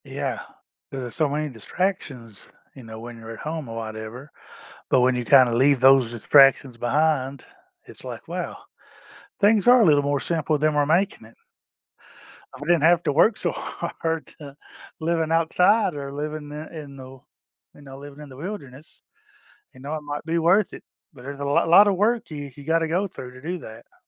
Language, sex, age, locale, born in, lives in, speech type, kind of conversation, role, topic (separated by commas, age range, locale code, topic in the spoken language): English, male, 50-54, en-US, United States, United States, conversation, advice, user, How can I notice and enjoy small joyful moments daily?